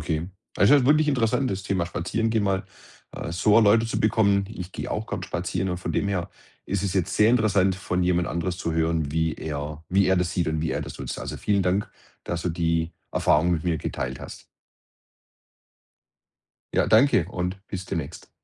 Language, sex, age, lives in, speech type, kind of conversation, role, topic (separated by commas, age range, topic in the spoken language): German, male, 50-54, Germany, conversation, podcast, host, Was begeistert dich an Spaziergängen durch deine Nachbarschaft?